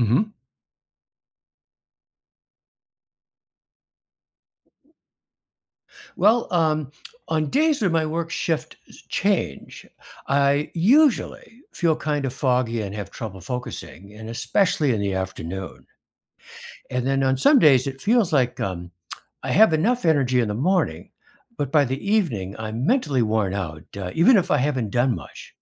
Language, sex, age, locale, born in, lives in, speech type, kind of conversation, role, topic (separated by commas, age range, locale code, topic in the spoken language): English, male, 70-74, en-US, United States, United States, read, expert-assistant, asker, What are the effects of sleep on memory and concentration?